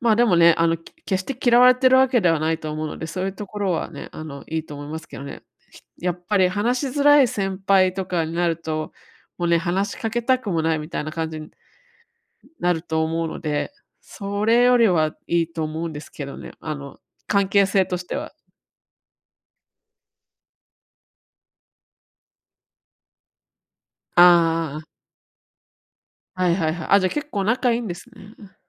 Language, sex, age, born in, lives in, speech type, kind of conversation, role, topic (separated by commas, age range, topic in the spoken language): Japanese, female, 35-39, Japan, United States, conversation, advice, advisor, 建設的でない意見をどう受け流せばよいですか？